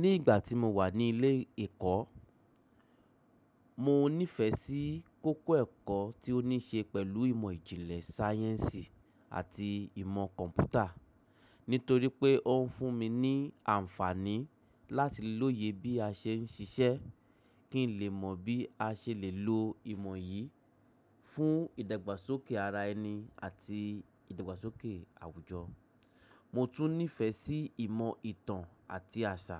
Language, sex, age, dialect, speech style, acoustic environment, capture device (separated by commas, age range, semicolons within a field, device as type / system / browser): Yoruba, male, 35-44, Nigeria - Lagos Yoruba, happy, appliances, mobile / macOS / Safari